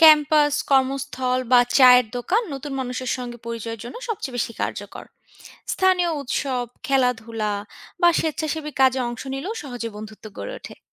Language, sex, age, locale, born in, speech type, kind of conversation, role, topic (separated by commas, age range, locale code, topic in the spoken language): Bengali, female, 25-29, bn-BD, Bangladesh, read, expert-assistant, expert, বাংলাদেশের মানুষ কীভাবে শহর থেকে গ্রামে বা গ্রাম থেকে শহরে চলে যায়, এ নিয়ে জানতে কোথায় ভালো গল্প বা অভিজ্ঞতা খুঁজে পাওয়া যায়?